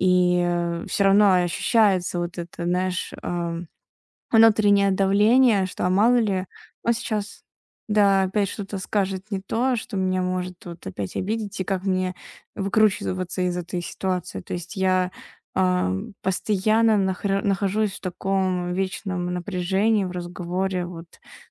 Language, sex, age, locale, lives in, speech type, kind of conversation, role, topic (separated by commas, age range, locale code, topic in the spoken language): Russian, female, 20-24, ru-RU, Estonia, conversation, advice, user, Как мне лучше обозначать личные границы в общении?